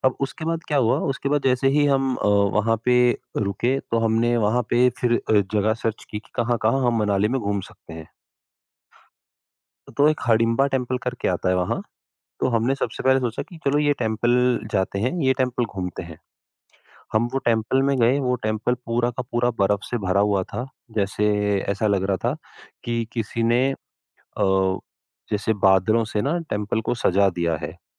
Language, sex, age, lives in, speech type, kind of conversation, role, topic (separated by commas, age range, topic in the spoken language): Hindi, female, 55-59, India, conversation, podcast, guest, Accidental detour par achanak mil gayi ek zabardast jagah—kya tum woh kissa sunaoge?